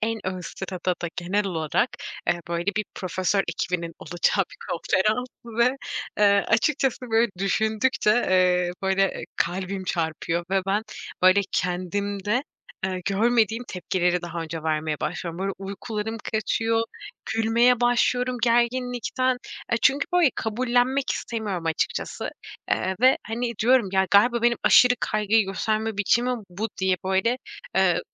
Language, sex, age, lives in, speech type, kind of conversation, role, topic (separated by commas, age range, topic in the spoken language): Turkish, female, 25-29, Poland, conversation, advice, user, Yaklaşan bir sunum veya toplantı hakkında neden aşırı kaygı hissediyorsunuz?